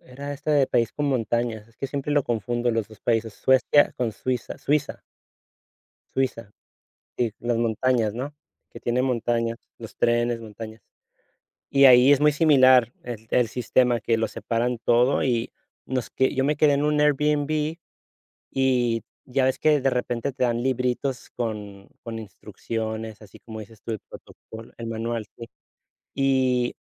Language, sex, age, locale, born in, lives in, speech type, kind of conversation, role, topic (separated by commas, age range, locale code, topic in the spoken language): Spanish, male, 35-39, es-US, United States, Spain, conversation, unstructured, none, ¿Qué harías para mejorar las áreas públicas de tu ciudad?